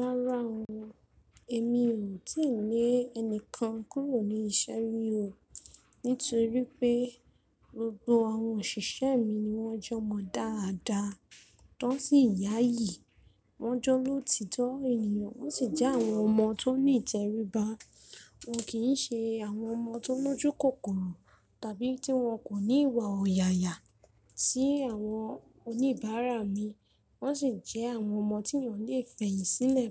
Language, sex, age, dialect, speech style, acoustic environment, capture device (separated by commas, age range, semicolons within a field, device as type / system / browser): Yoruba, female, 25-34, Nigeria - Ibadan, nervous, people talking, mobile / Linux / Chrome